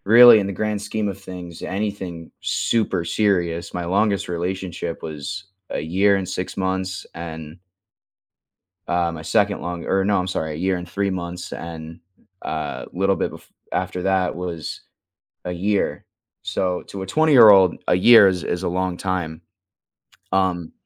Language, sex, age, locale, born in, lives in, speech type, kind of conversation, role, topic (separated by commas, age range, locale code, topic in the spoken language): English, male, 20-24, en-US, United States, United States, conversation, unstructured, none, How does forgiveness affect your life?